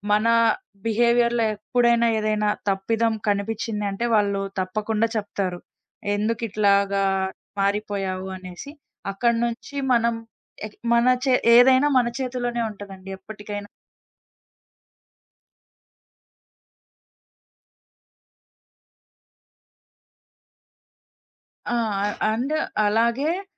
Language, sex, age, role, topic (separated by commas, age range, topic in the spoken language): Telugu, female, 20-24, guest, ఒక తప్పిదం చివరికి మిమ్మల్ని మంచి దారిలోకి తీసుకెళ్లిందా?